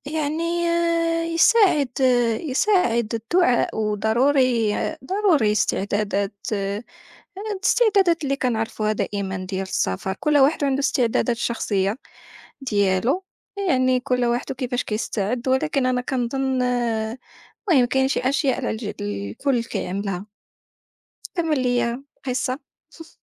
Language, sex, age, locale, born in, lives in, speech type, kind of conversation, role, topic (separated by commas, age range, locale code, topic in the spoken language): Arabic, female, 25-29, ar-MA, Morocco, France, conversation, unstructured, none, إيه أصعب تجربة مريت بيها وإنت مسافر؟